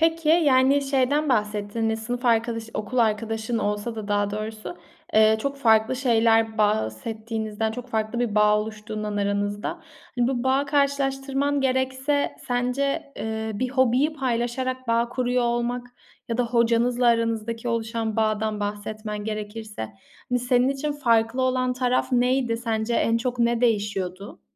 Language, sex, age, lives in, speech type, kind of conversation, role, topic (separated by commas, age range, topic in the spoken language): Turkish, female, 25-29, Italy, conversation, podcast, host, Hobiler, insanlarla bağ kurmamızda nasıl bir rol oynar?